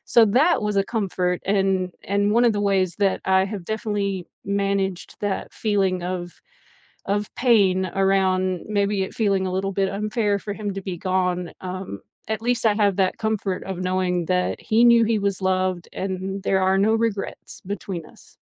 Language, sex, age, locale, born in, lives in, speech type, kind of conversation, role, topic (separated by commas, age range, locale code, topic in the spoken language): English, female, 40-44, en-US, United States, United States, conversation, unstructured, none, How do you manage the pain when grief feels unfair?